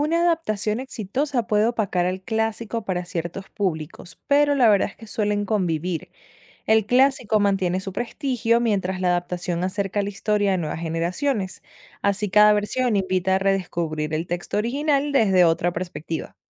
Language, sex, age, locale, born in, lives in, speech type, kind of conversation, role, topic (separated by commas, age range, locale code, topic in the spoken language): Spanish, female, 25-29, es-VE, Venezuela, United States, read, expert-assistant, expert, ¿Por qué ciertos clásicos de la literatura son valorados de manera diferente según el país o la cultura?